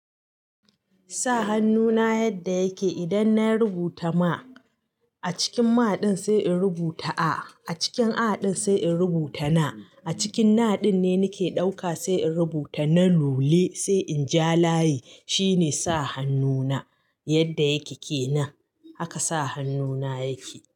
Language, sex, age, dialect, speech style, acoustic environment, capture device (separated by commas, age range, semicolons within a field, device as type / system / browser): Hausa, female, 35-44, Nigeria - Katsina, happy; nervous; anxious, appliances; silence; nature, mobile / Linux / Chrome